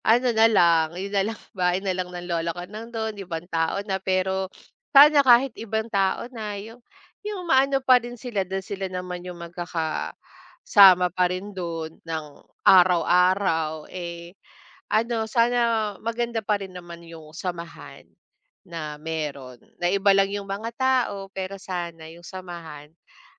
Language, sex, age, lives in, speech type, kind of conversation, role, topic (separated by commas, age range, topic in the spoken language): Filipino, female, 35-39, Philippines, conversation, unstructured, none, Paano mo ilalarawan ang komunidad kung saan ka lumaki?